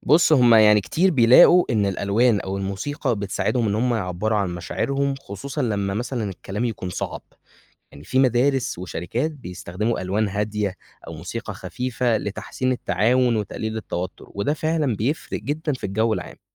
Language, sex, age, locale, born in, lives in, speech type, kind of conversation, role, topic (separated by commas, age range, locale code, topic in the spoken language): Arabic, male, 20-24, ar-EG, Egypt, Egypt, read, expert-assistant, expert, إيه الدروس اللي ممكن أتعلمها من طريقة تواصل الكائنات البحرية عشان أحسن علاقاتي مع اللي حواليا؟